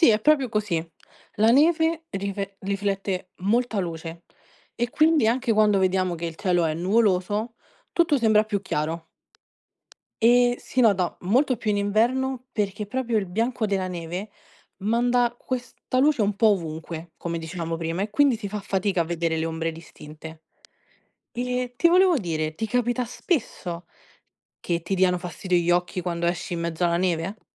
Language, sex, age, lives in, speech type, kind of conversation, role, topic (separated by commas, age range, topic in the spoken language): Italian, female, 20-24, Italy, read, expert-assistant, expert, Perché la neve è bianca